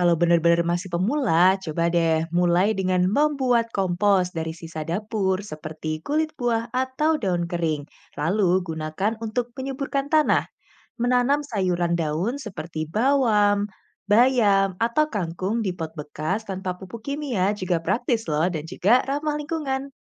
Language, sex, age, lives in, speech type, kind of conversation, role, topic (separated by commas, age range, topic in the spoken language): Indonesian, female, 25-29, Indonesia, read, expert-assistant, expert, Sumber apa yang paling bisa dipercaya kalau ingin tahu praktik pertanian ramah lingkungan di Indonesia?